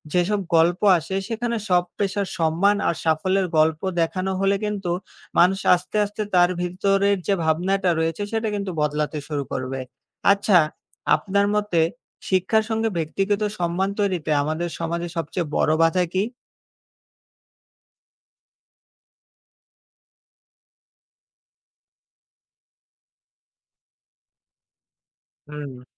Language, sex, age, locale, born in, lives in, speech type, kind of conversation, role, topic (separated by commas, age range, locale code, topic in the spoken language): Bengali, male, 20-24, bn-BD, Bangladesh, Bangladesh, read, expert-assistant, expert, বিভিন্ন পেশার গুরুত্ব